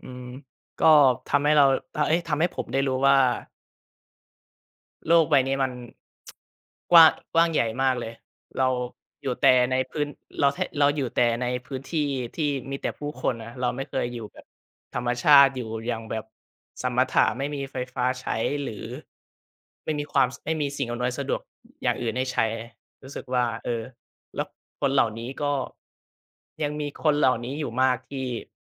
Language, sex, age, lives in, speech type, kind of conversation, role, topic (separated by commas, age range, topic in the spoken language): Thai, male, 20-24, Thailand, conversation, podcast, guest, คุณช่วยเล่าเกี่ยวกับประสบการณ์เดินทางที่ประทับใจที่สุดของคุณให้ฟังหน่อยได้ไหม?